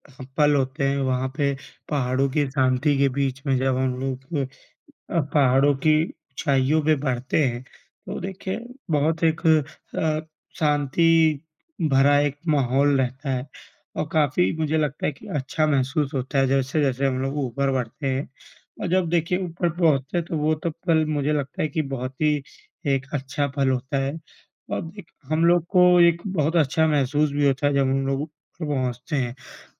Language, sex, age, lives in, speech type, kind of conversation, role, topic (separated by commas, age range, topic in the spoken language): Hindi, male, 25-29, India, conversation, unstructured, none, आप तनाव कम करने के लिए क्या करते हैं?